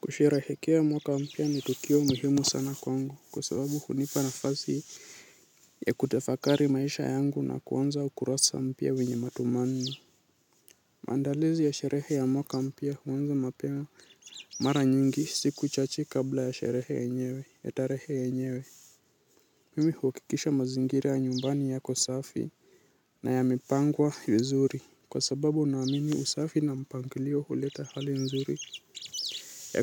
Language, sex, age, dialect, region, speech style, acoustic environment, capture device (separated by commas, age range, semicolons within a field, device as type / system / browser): Swahili, male, 18-24, Kenya - Nairobi Sheng-influenced, Kenya, nervous; excited; anxious, nature, mobile / Linux / Chrome